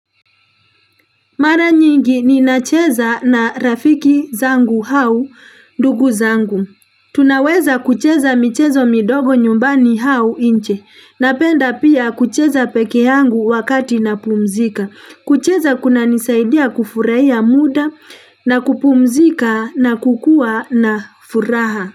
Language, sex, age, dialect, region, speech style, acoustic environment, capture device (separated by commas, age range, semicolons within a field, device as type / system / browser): Swahili, female, 35-44, Kenya - Nairobi Sheng-influenced, Kenya, happy; relaxed; excited; focused, people talking; traffic; construction; appliances; nature, mobile / Linux / Chrome